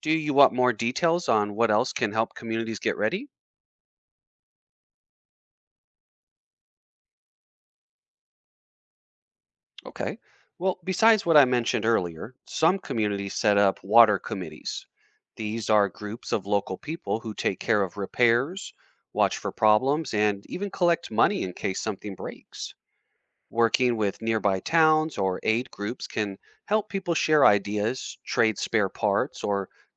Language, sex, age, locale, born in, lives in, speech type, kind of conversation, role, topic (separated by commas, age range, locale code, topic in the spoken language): English, male, 35-39, en-US, United States, United States, read, expert-assistant, expert, What makes it so difficult to ensure everyone has access to clean drinking water?